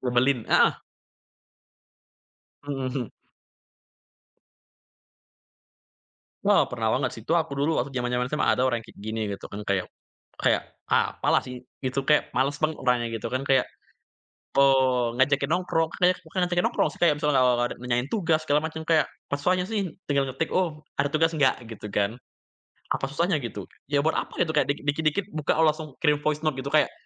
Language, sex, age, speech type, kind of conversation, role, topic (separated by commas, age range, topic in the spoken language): Indonesian, male, 20-24, conversation, podcast, guest, Kapan lebih tepat menggunakan pesan suara daripada mengetik pesan?